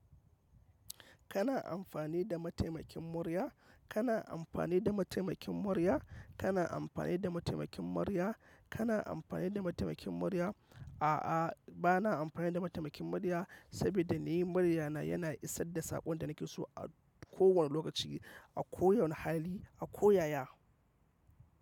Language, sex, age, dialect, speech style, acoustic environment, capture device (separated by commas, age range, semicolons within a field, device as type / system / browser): Hausa, male, 25-34, Nigeria - Kano, focused, silence, mobile / macOS / Safari